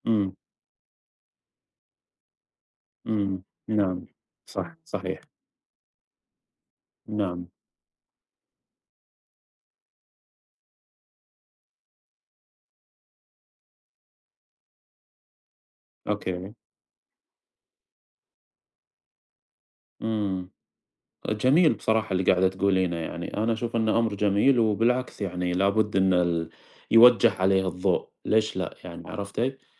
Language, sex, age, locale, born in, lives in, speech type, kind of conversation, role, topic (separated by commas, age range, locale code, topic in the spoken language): Arabic, male, 30-34, ar-SA, Saudi Arabia, United States, conversation, unstructured, none, إيه اللي بيميز أفلام الرسوم المتحركة عن باقي الأفلام؟